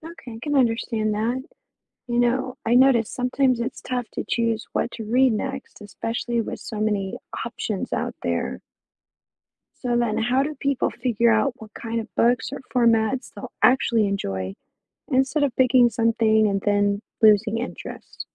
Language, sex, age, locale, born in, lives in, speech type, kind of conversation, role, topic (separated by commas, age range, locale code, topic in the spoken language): English, female, 35-39, en-US, United States, United States, read, expert-assistant, asker, What are the benefits of reading for pleasure?